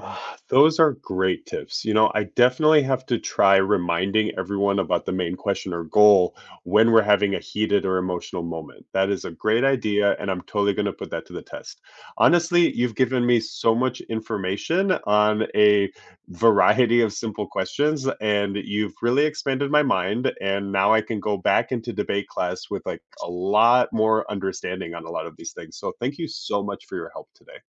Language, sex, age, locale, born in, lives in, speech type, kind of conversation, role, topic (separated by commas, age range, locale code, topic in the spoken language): English, male, 30-34, en-US, United States, United States, read, expert-assistant, asker, How can participating in debate clubs improve critical thinking abilities?